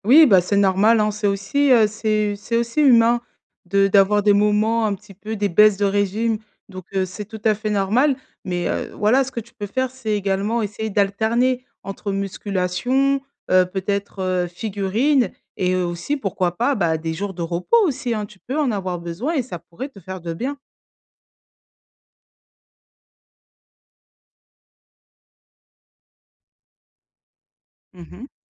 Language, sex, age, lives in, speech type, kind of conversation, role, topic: French, female, 35-39, France, conversation, advice, advisor, Comment trouver du temps pour mes passions malgré un emploi du temps chargé ?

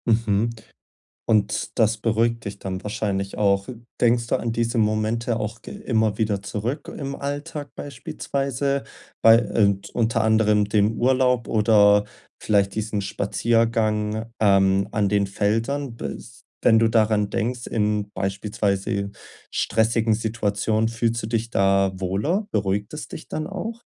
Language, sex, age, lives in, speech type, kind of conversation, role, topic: German, male, 20-24, Germany, conversation, advice, advisor, Wie kann ich mich bei Gruppentreffen weniger überfordert fühlen?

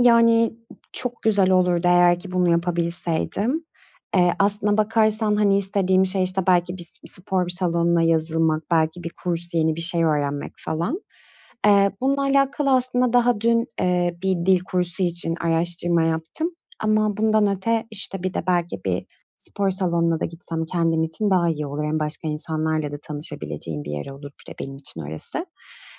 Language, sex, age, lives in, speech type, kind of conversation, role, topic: Turkish, female, 35-39, Greece, conversation, advice, user, Ayrılıktan sonra özgüvenimi ve bağımsızlığımı nasıl yeniden kazanabilirim?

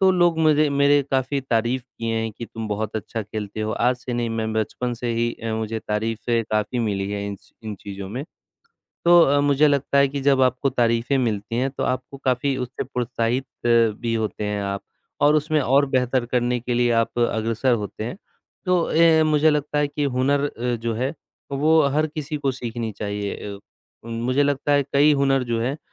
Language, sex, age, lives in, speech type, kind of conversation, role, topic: Hindi, male, 25-29, India, conversation, unstructured, none, क्या आपने कभी कोई नया हुनर सीखने की कोशिश की है?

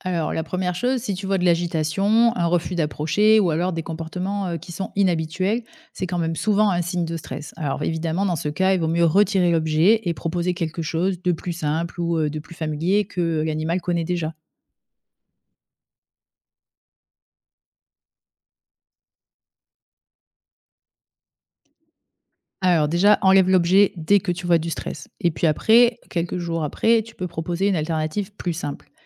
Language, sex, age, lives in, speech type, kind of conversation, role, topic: French, female, 35-39, France, read, expert-assistant, expert, Quelles idées originales pourrait-on tester pour améliorer le bien-être des animaux en ferme ?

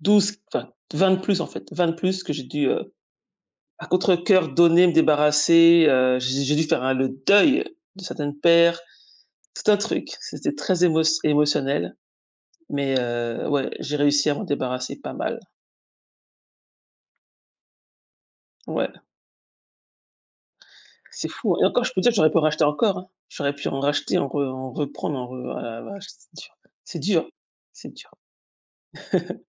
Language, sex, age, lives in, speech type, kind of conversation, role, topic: French, female, 40-44, United States, conversation, unstructured, none, Quel est votre plus grand plaisir lorsque vous dépensez de l’argent ?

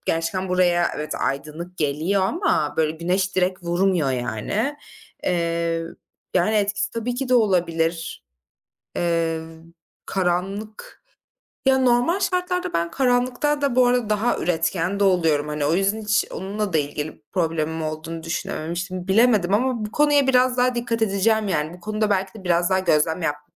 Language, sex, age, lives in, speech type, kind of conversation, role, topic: Turkish, female, 25-29, Germany, conversation, advice, user, Yeterince uyuduğum hâlde neden hep yorgun uyanıyorum?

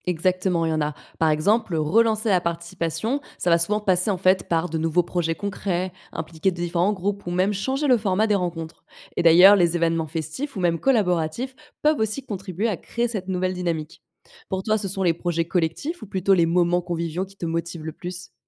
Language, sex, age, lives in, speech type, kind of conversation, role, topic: French, female, 25-29, France, read, expert-assistant, expert, Peux-tu expliquer pourquoi certaines villes deviennent des centres économiques importants alors que d’autres restent plus petites ?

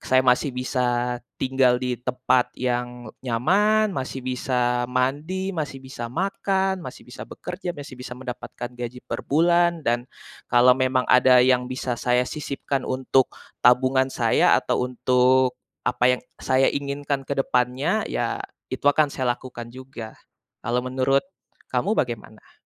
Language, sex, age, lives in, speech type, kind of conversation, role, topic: Indonesian, male, 20-24, Indonesia, conversation, unstructured, none, Bagaimana kamu mengatur pengeluaran saat gaji pas-pasan?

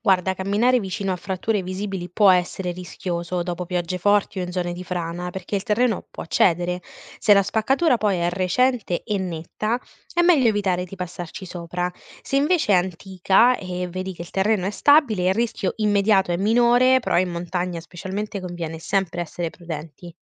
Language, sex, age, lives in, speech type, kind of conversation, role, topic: Italian, female, 20-24, Italy, read, expert-assistant, expert, Tra i vulcani e i terremoti, quali fenomeni cambiano di più il paesaggio nel tempo?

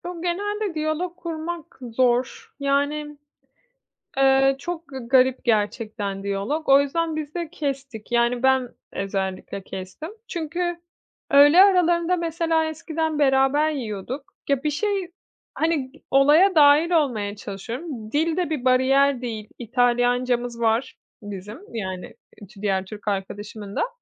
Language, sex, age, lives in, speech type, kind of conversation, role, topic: Turkish, female, 30-34, Italy, conversation, advice, user, Ekip içinde güven eksikliği ve iletişim kopukluğunu nasıl aşabiliriz?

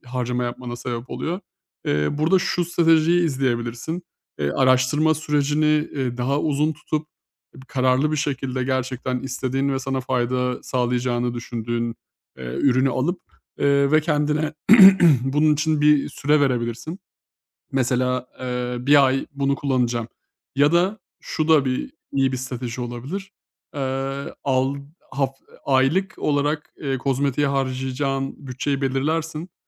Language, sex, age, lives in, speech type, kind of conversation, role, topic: Turkish, male, 30-34, Bulgaria, conversation, advice, advisor, Bütçe önceliklerimi nasıl koruyabilir ve aşırı harcamadan nasıl kaçınabilirim?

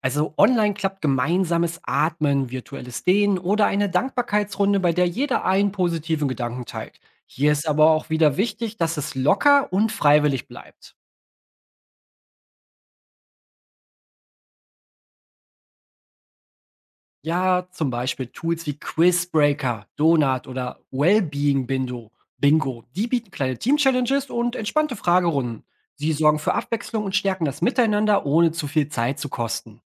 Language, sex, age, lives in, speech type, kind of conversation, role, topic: German, male, 30-34, Germany, read, expert-assistant, expert, Welche kreativen Ideen gibt es, um Stress im Alltag besser zu bewältigen?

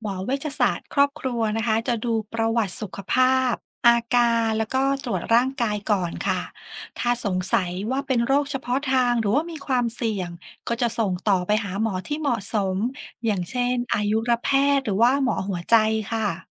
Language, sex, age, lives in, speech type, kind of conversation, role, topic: Thai, female, 40-44, Thailand, read, expert-assistant, expert, หมอเวชศาสตร์ครอบครัวสำคัญอย่างไรกับสุขภาพครอบครัวในปัจจุบัน?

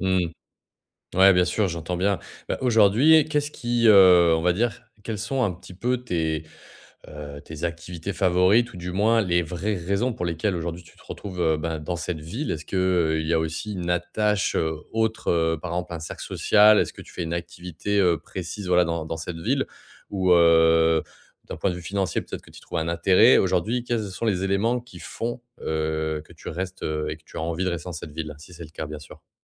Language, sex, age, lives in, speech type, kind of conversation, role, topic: French, male, 30-34, France, conversation, advice, advisor, Comment puis-je gérer l’incertitude tout en restant ancré dans ma vie quotidienne ?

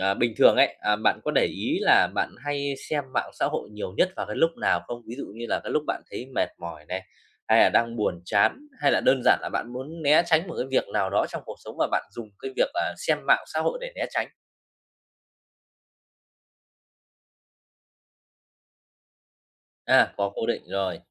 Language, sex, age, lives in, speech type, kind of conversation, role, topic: Vietnamese, male, 30-34, Vietnam, conversation, advice, advisor, Làm sao để bạn tiêu thụ nội dung một cách có ý thức hơn mỗi ngày?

